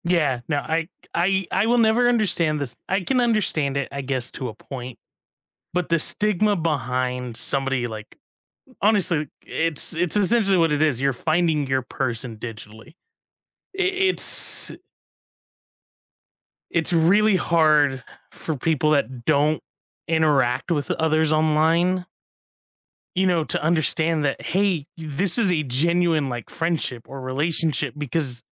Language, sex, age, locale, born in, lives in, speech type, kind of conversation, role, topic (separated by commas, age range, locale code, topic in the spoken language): English, male, 25-29, en-US, United States, United States, conversation, unstructured, none, What is the best way to keep romance alive?